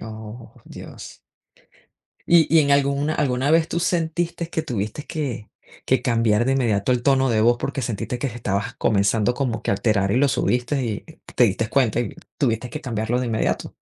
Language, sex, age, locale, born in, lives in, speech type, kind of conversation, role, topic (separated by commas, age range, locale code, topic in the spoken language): Spanish, female, 40-44, es-VE, Venezuela, United States, conversation, unstructured, none, ¿Cómo puedes persuadir a alguien sin que se moleste?